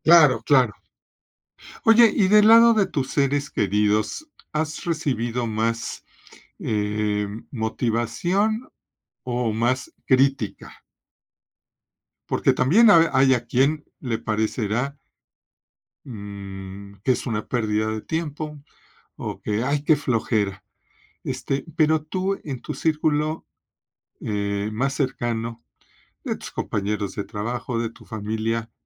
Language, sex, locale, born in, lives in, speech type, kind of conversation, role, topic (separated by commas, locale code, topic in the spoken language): Spanish, male, es-MX, Mexico, Mexico, conversation, podcast, host, ¿Qué hábito matutino te cambia por completo el ánimo?